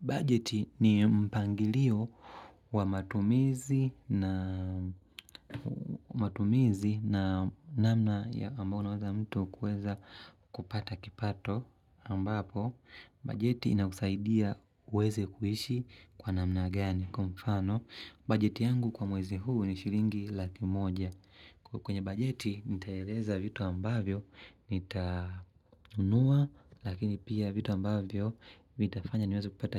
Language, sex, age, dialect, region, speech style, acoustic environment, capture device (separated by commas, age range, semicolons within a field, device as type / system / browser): Swahili, male, 25-34, Tanzania - Dar es Salaam, Tanzania, happy; relaxed, nature; silence; people talking; appliances, mobile / Linux / Chrome